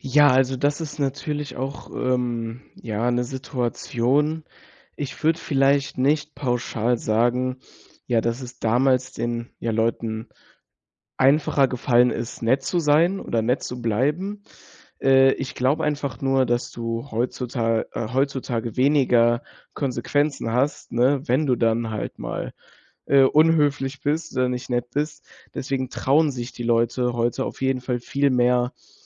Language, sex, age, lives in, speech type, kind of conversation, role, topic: German, male, 18-19, Germany, conversation, podcast, guest, Wie findest du die Balance zwischen ehrlich sein und nett bleiben?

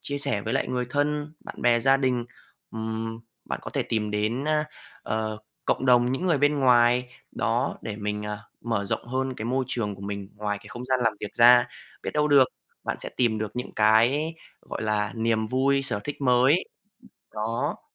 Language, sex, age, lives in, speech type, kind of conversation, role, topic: Vietnamese, male, 20-24, Vietnam, conversation, advice, advisor, Tôi nên nghỉ việc hay cố gắng tiếp tục khi đang kiệt sức?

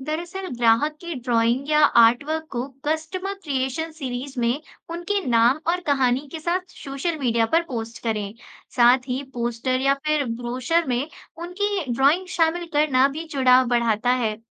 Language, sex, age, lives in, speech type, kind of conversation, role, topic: Hindi, female, 25-29, India, read, expert-assistant, expert, अगर हमें किसी नए प्रोडक्ट या सेवा का प्रचार करना हो, तो पुराने और नए प्रचार के तरीकों को मिलाकर कौन-से रचनात्मक विचार आ सकते हैं?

